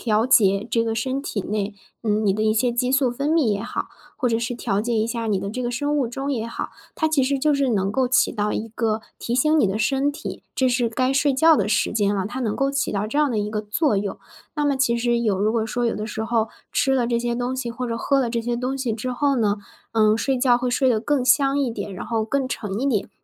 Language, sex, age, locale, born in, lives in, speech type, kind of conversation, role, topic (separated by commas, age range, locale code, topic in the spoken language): Chinese, female, 20-24, zh-CN, China, Germany, conversation, advice, advisor, 我想养成早起的习惯，但总是赖床，该怎么办？